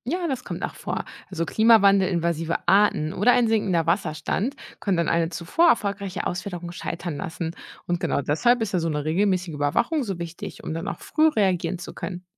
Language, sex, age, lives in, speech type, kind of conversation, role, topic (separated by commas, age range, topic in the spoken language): German, female, 30-34, Germany, read, expert-assistant, expert, Welche positiven und negativen Folgen hat es, wenn wir wilde Lebensräume für bedrohte Tiere wiederherstellen?